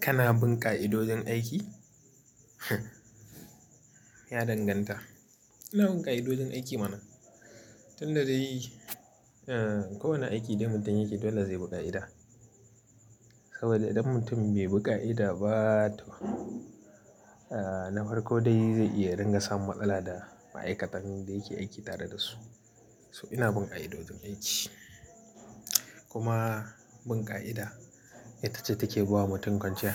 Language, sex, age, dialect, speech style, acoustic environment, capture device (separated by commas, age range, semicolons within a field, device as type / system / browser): Hausa, male, 25-34, Nigeria - Kano, happy; anxious, people talking; silence; nature, mobile / Linux / Chrome